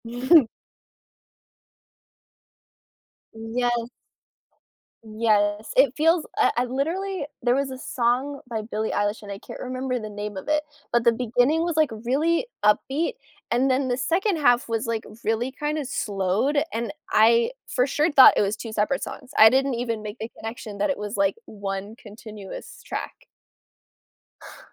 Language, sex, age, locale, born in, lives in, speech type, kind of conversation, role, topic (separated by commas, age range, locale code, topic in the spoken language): English, other, 25-29, en-US, United States, United States, conversation, unstructured, none, What factors influence whether you choose music or podcasts for different moments in your day?